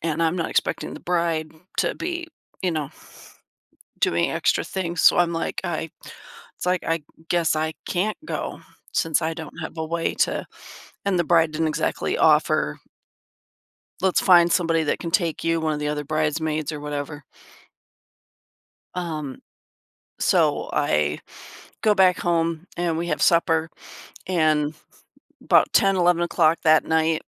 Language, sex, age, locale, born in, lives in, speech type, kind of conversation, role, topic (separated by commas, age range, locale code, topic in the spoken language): English, female, 50-54, en-US, United States, United States, conversation, advice, user, How should I address a betrayal I felt?